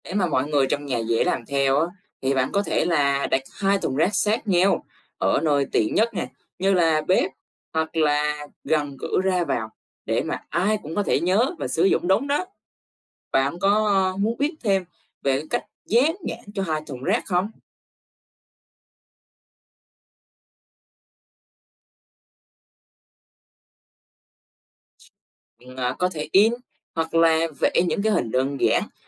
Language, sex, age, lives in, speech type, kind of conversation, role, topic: Vietnamese, male, 20-24, Vietnam, read, expert-assistant, expert, Làm sao để bảo vệ môi trường trong cuộc sống hàng ngày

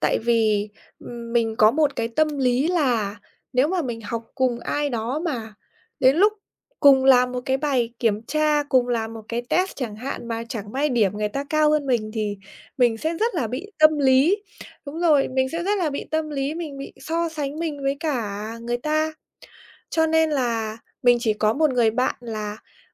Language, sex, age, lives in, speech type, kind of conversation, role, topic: Vietnamese, female, 25-29, Vietnam, conversation, podcast, guest, Làm sao bạn giữ được kiên trì khi không thấy tiến bộ?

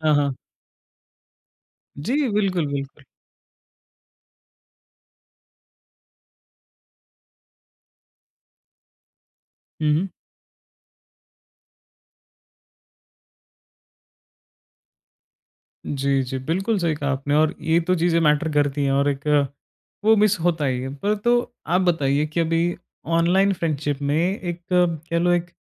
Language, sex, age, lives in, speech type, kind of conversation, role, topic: Hindi, male, 20-24, India, conversation, podcast, host, Online dosti mein trust kaise banta hai, aur tumhara is baare mein kya experience raha hai?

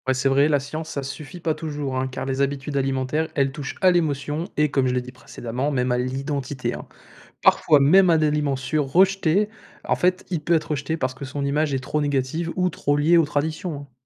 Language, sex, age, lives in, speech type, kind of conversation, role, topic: French, male, 20-24, France, read, expert-assistant, expert, Pourquoi certains aliments considérés comme sûrs dans un pays sont-ils interdits ou perçus comme dangereux dans un autre ?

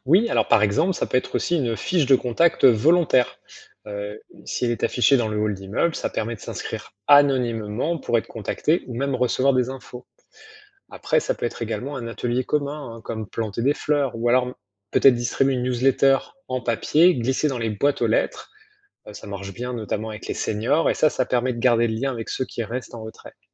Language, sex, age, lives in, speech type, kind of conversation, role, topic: French, male, 45-49, France, read, expert-assistant, expert, Comment organiser un plan d’action pour faire face aux vagues de chaleur dans une grande ville ?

